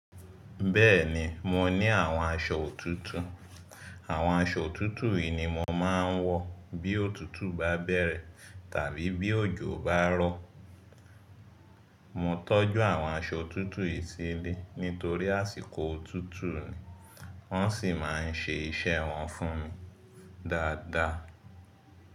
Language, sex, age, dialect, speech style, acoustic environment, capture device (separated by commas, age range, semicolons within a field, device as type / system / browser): Yoruba, male, 25-34, Nigeria - Lagos Yoruba, happy, silence, mobile / Linux / Chrome